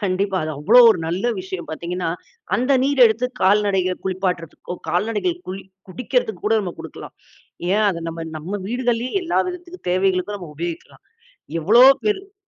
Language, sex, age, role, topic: Tamil, female, 40-44, guest, மழைநீர் சேமிப்பு போன்ற எளிய முறைகளை வீட்டில் நடைமுறையில் எப்படி பொருத்தலாம்?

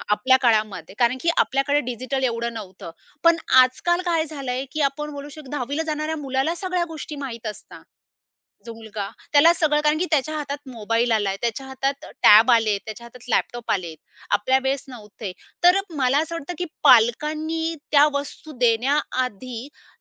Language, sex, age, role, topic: Marathi, female, 30-34, guest, आपण आपल्या मुलांना सांस्कृतिक वारसा कसा शिकवत आहात?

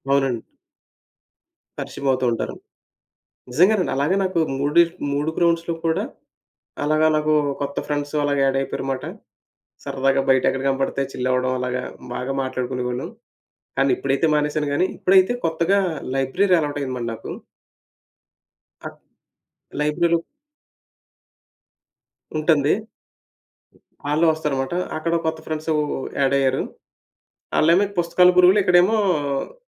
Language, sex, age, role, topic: Telugu, male, 30-34, guest, హాబీల ద్వారా మీరు కొత్త సంబంధాలను ఎలా ఏర్పరుచుకుంటారు?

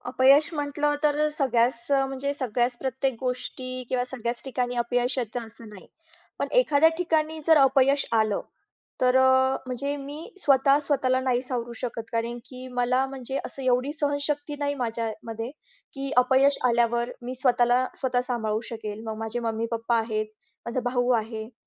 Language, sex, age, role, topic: Marathi, female, 20-24, guest, अपयश आल्यावर तुम्ही स्वतःची काळजी कशी घेता?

